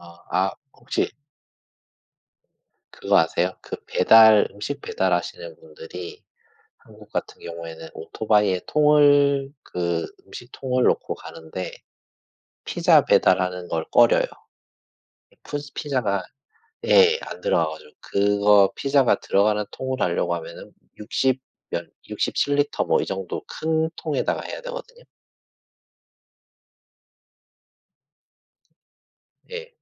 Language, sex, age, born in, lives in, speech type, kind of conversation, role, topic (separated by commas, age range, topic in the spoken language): Korean, male, 40-44, South Korea, Japan, conversation, unstructured, none, 피자와 햄버거 중 어떤 음식을 더 좋아하시나요?